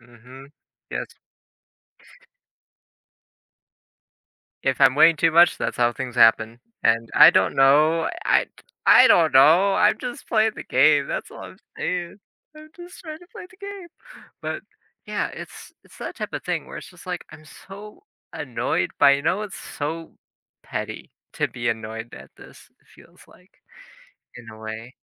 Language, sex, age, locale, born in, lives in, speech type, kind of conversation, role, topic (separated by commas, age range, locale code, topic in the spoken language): English, male, 20-24, en-US, United States, United States, conversation, advice, user, How do I respond to unfair criticism without losing my confidence?